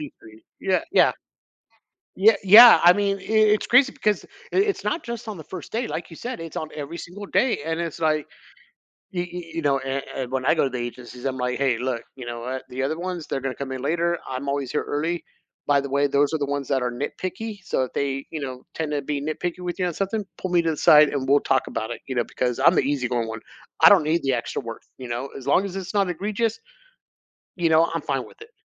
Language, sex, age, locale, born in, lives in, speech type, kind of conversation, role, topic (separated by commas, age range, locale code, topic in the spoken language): English, male, 45-49, en-US, United States, United States, conversation, podcast, guest, What helps you stay resilient when life doesn’t go as planned?